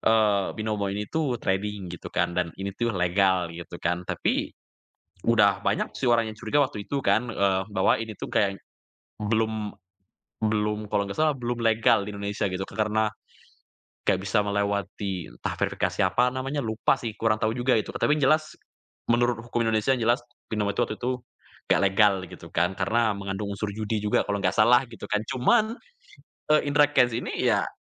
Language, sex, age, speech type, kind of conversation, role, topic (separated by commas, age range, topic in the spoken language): Indonesian, male, 20-24, conversation, podcast, guest, Mengapa banyak orang suka mengikuti kehidupan sehari-hari influencer?